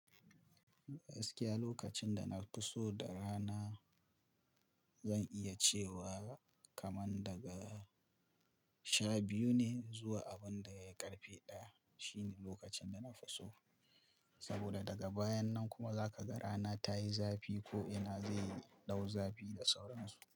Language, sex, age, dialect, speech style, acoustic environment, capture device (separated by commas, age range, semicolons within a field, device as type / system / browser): Hausa, male, 25-34, Nigeria - Kano, happy, silence, mobile / Linux / Chrome